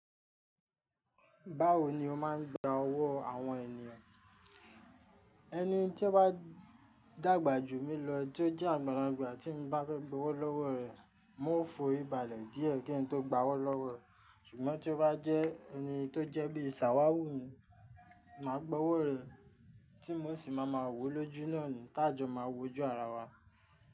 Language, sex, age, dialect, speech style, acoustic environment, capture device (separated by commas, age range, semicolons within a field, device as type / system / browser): Yoruba, male, 25-34, Nigeria - Ijebu, stressed, people talking, mobile / Linux / Chrome